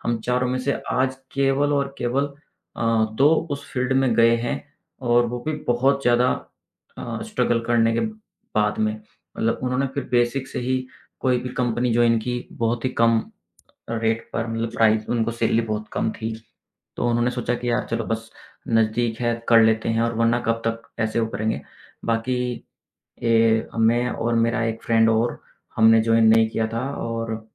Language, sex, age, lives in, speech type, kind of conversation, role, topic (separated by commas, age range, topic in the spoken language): Hindi, male, 35-39, India, conversation, podcast, guest, Confidence badhane ke liye aap kaun-se practical tips denge?